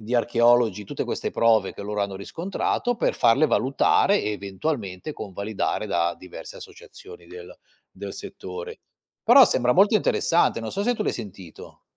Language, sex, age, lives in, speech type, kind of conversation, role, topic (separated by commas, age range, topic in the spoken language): Italian, male, 50-54, Italy, conversation, unstructured, none, Come si può convincere qualcuno che la storia possa essere rivista?